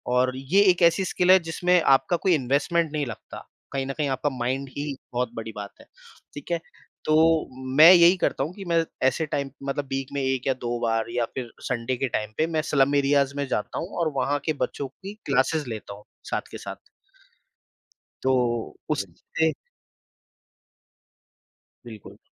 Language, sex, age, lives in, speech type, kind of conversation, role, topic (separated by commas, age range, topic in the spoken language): Hindi, male, 30-34, India, conversation, podcast, guest, Agar naukri mein junoon nahi hai, lekin paisa achha mil raha hai, to tum kya karte ho?